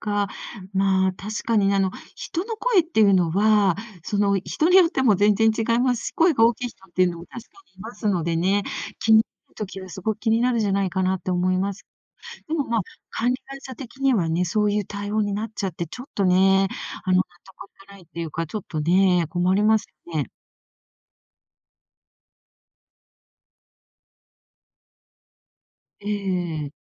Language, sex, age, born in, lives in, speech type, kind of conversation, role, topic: Japanese, female, 60-64, Japan, Japan, conversation, advice, advisor, 引っ越しで環境や人間関係が大きく変わってしまい、うまく適応できず悩んでいるのですが、どうすればよいですか？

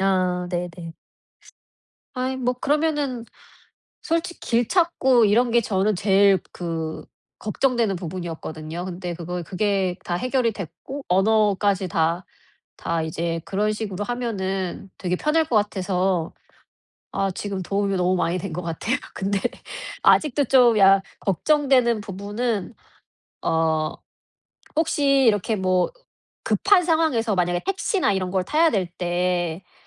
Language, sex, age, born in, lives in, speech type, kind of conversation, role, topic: Korean, female, 40-44, South Korea, United States, conversation, advice, user, 낯선 도시에서 효과적으로 길을 찾으려면 어떻게 해야 하나요?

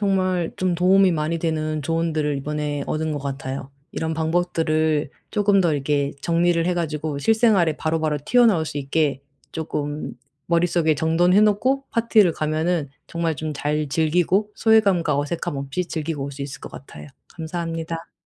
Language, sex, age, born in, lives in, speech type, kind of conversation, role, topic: Korean, female, 35-39, South Korea, Germany, conversation, advice, user, 파티에서 자꾸 소외감과 어색함을 느끼는데 어떻게 해야 하나요?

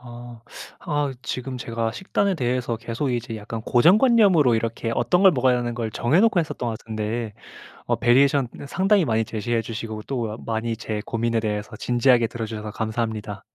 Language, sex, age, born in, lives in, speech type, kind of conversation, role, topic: Korean, male, 25-29, South Korea, Japan, conversation, advice, user, 예산 안에서 건강하고 균형 잡힌 식사를 어떻게 할 수 있을까요?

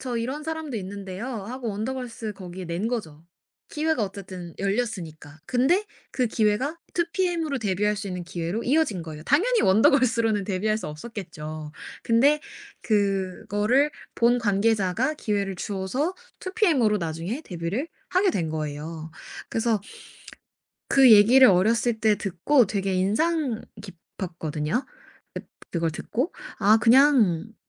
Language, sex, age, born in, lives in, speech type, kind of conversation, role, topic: Korean, female, 25-29, South Korea, United States, conversation, podcast, guest, 고정관념이 깨졌던 경험이 있으신가요?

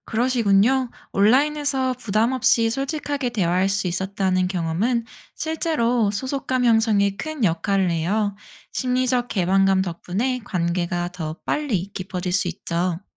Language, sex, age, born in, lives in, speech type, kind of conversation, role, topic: Korean, female, 30-34, South Korea, United States, read, expert-assistant, expert, 우리가 가족이나 친구와 느끼는 소속감은 어디에서 올까요?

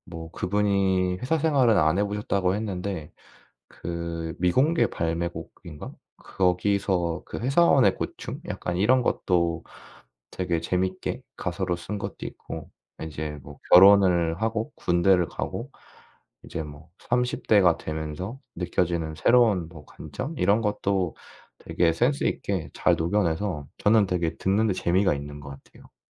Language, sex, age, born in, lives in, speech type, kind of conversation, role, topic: Korean, male, 25-29, South Korea, South Korea, conversation, podcast, guest, 음악 취향을 가장 잘 설명해 주는 곡은 무엇인가요?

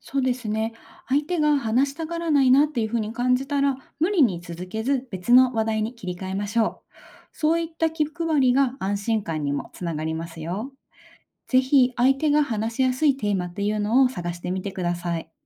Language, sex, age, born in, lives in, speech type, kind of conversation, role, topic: Japanese, female, 30-34, Japan, Japan, read, expert-assistant, expert, 異なる宗教観を持つ人と気持ちよく過ごすにはどうすればいいですか？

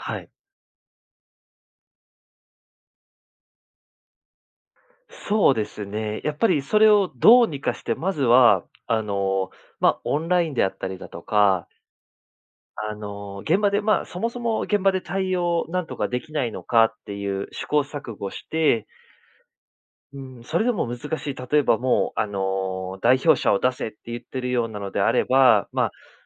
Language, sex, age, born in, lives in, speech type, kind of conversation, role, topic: Japanese, male, 30-34, Japan, Japan, conversation, podcast, guest, 仕事とプライベートのどちらを優先すべきだと思いますか？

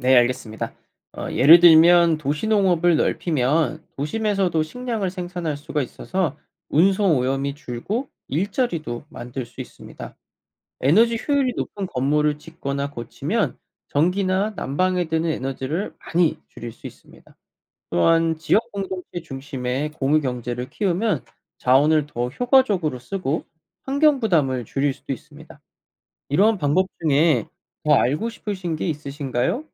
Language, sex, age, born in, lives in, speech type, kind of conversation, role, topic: Korean, male, 25-29, South Korea, United States, read, expert-assistant, expert, 인구 증가가 환경에 미치는 영향은 무엇인가요?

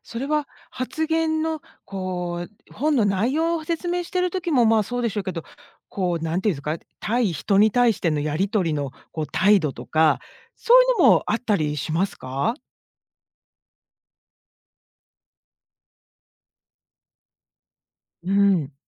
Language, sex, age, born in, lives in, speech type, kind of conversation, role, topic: Japanese, female, 50-54, Japan, United States, conversation, podcast, host, 今の趣味でいちばん楽しい瞬間はどんなときですか？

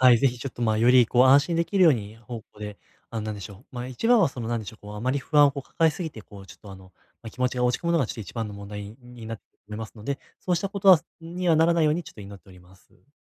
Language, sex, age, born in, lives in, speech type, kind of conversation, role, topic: Japanese, male, 20-24, Japan, Japan, conversation, advice, advisor, 不確実な状況でも、どうすれば安心して過ごせますか？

